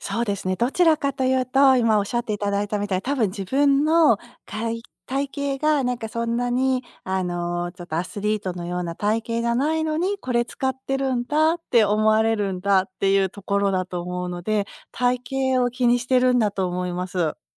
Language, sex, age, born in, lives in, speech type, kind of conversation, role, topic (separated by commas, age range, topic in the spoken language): Japanese, female, 50-54, Japan, United States, conversation, advice, user, ジムに行くと周りの目が気になるのですが、どうすれば気にせずに通えますか？